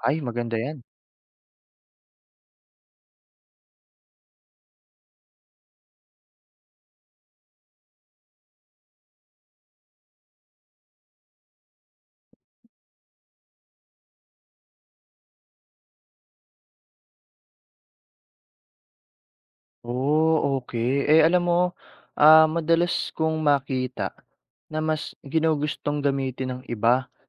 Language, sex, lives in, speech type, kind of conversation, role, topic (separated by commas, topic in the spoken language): Filipino, male, Philippines, read, expert-assistant, asker, Bakit dapat pahalagahan ang sariling wika?